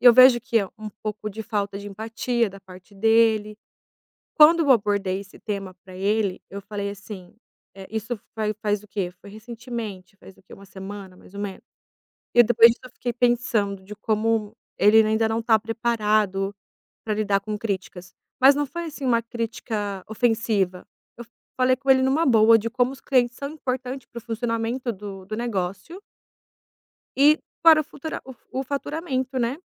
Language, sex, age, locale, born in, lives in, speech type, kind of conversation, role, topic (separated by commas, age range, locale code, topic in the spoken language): Portuguese, female, 30-34, pt-BR, Brazil, Italy, conversation, advice, user, Como posso dar feedback sem ser percebido como crítico?